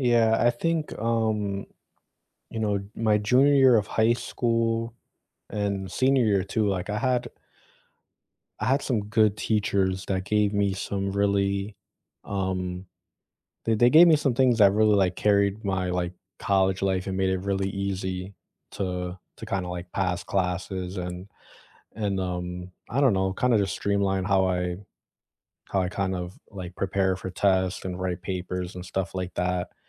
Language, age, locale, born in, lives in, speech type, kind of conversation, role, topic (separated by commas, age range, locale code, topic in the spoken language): English, 25-29, en-US, United States, United States, conversation, unstructured, none, Which study hacks have truly worked for you, and what stories made them stick?